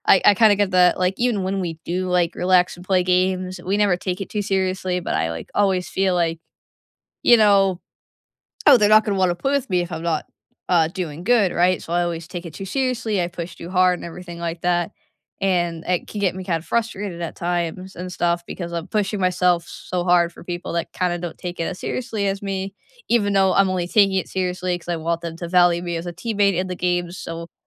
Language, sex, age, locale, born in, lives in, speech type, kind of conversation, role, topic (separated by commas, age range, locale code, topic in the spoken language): English, female, 20-24, en-US, United States, United States, conversation, unstructured, none, After a long day, what is your favorite way to unwind, and why is it meaningful to you?